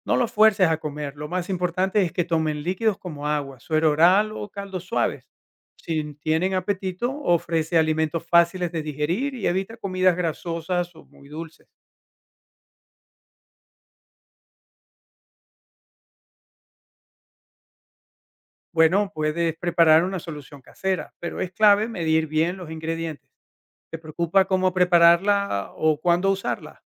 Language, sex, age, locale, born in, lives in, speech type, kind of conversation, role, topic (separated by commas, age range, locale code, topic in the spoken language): Spanish, male, 50-54, es-VE, Venezuela, United States, read, expert-assistant, expert, ¿Qué síntomas de salud debo priorizar cuando decido si ir al médico o no?